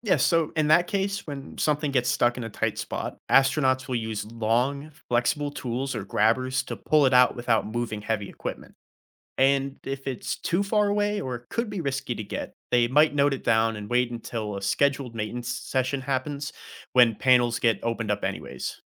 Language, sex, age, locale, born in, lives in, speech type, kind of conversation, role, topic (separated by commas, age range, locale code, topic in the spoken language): English, male, 20-24, en-US, United States, United States, read, expert-assistant, expert, What are the challenges of living in space?